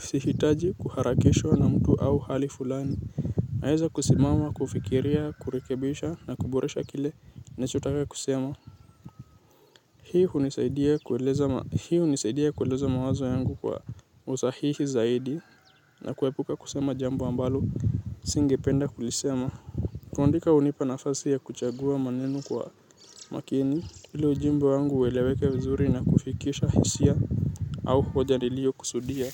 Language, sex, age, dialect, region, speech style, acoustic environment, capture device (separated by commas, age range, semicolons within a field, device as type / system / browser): Swahili, male, 18-24, Kenya - Nairobi Sheng-influenced, Kenya, anxious; nervous; excited; tired, nature, mobile / Linux / Chrome